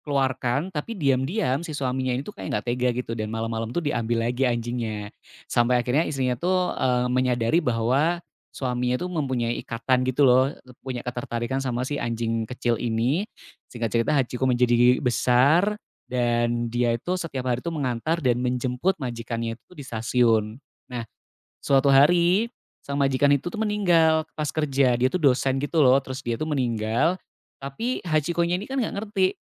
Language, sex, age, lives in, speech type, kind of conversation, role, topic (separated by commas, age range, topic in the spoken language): Indonesian, male, 35-39, Indonesia, conversation, podcast, guest, Film apa yang pernah membuat kamu menangis atau terbawa perasaan?